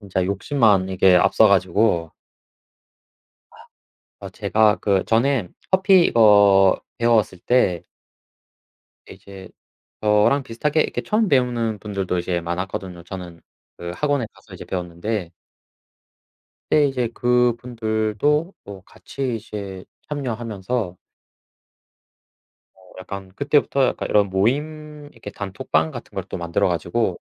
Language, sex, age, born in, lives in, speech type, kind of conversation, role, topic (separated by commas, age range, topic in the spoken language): Korean, male, 25-29, South Korea, South Korea, conversation, unstructured, none, 새로운 취미를 시작할 때 주로 어떤 점을 고려하시나요?